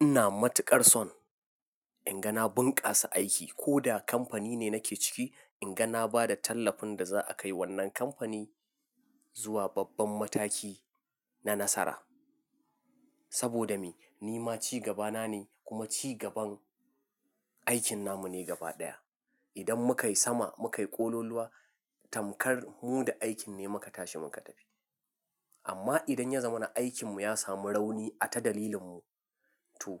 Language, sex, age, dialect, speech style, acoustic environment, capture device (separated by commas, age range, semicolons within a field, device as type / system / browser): Hausa, male, 25-34, Nigeria - Nigerian Standard, focused, silence, mobile / Linux / Chrome